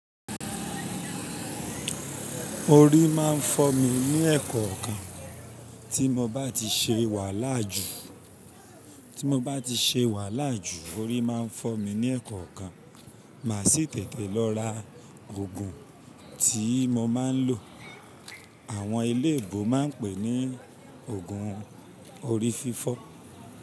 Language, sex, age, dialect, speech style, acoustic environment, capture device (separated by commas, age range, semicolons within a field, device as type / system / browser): Yoruba, male, 25-34, Nigeria - Ibadan, anxious; tired; relaxed; focused; excited; happy; nervous, people talking; music; nature; silence; traffic; construction; appliances, mobile / Linux / Chrome